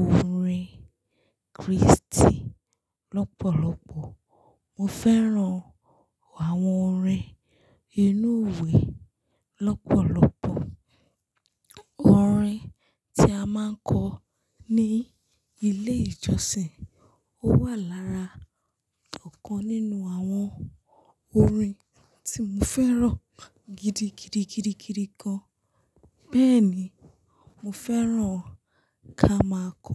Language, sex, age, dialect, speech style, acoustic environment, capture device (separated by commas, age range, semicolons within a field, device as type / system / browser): Yoruba, female, 25-34, Nigeria - Lagos Yoruba, focused, silence, mobile / Linux / Chrome